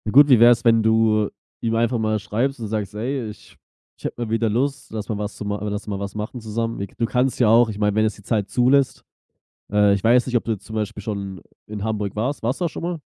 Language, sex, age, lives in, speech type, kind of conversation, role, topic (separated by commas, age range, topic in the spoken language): German, male, 25-29, Germany, conversation, advice, advisor, Wie kann ich den Kontakt zu alten Freundinnen und Freunden besser aufrechterhalten?